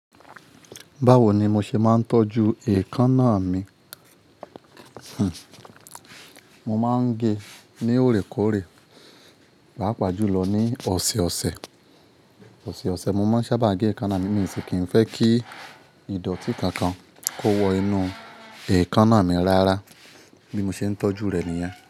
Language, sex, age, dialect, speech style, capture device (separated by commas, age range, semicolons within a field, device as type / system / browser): Yoruba, male, 25-34, Nigeria - Ibadan, focused, mobile / Linux / Chrome